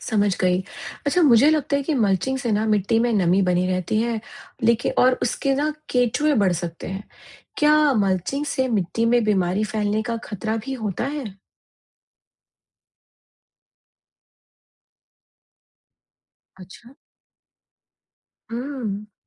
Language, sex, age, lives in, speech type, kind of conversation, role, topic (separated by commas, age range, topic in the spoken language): Hindi, female, 45-49, United States, read, expert-assistant, asker, गाँव में पानी की कमी के बावजूद, फसल की पैदावार बढ़ाने के लिए कौन-सी टिकाऊ खेती की तरकीबें अपनाई जा सकती हैं?